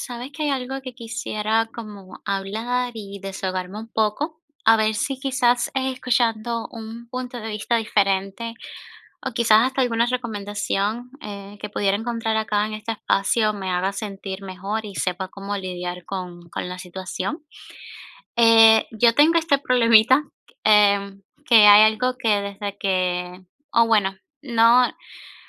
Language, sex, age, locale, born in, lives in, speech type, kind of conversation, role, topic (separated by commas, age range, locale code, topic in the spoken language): Spanish, female, 25-29, es-CU, Cuba, United States, conversation, advice, user, ¿Cómo puedo dejar de minimizar mis propios logros?